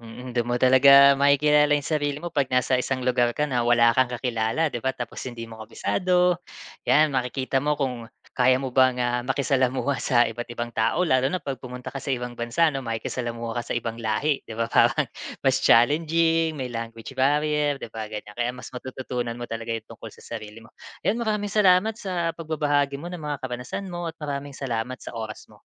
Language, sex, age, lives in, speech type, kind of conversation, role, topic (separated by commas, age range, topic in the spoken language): Filipino, male, 35-39, Philippines, conversation, podcast, host, May natuklasan ka na bang maganda dahil minsan kang naligaw?